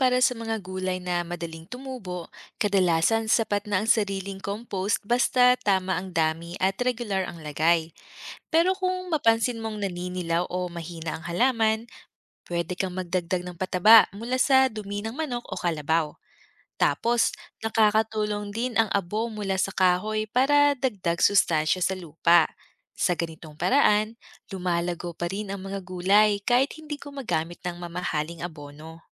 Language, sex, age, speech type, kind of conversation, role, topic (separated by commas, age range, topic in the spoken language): Filipino, female, 30-34, read, expert-assistant, expert, Paano maging epektibo at matipid sa pagsasaka gamit ang limitadong oras at yaman?